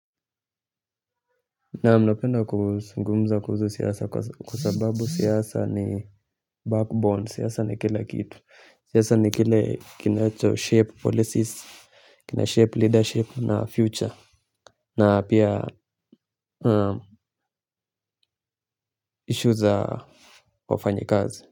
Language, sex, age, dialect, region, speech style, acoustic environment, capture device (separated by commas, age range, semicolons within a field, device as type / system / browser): Swahili, male, 25-34, Kenya - Nairobi Sheng-influenced, Kenya, relaxed, silence, mobile / Linux / Chrome